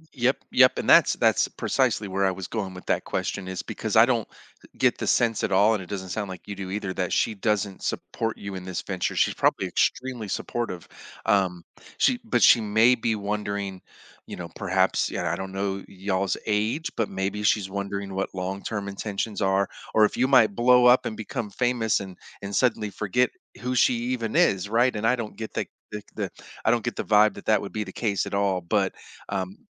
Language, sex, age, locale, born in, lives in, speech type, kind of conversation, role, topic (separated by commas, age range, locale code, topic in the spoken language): English, male, 45-49, en-US, United States, United States, conversation, advice, advisor, How can I manage work and family responsibilities so I don't feel overwhelmed and can sleep better?